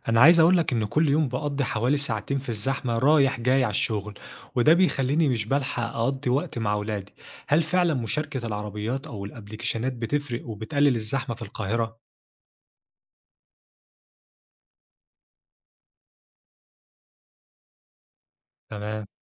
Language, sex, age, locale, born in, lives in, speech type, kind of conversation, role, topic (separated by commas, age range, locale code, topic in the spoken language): Arabic, male, 25-29, ar-EG, Egypt, Egypt, read, expert-assistant, asker, إزاي ممكن نحل مشكلة الزحمة في المدن الكبيرة ونخلي التنقل أسهل للناس؟